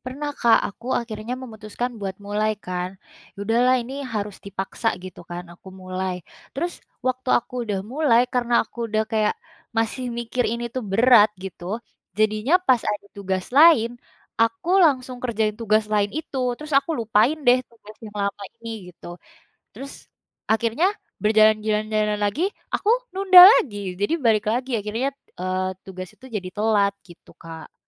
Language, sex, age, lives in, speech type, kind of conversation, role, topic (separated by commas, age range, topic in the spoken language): Indonesian, female, 20-24, Indonesia, conversation, advice, user, Bagaimana cara mengatasi kebiasaan menunda tugas penting dan sulit fokus?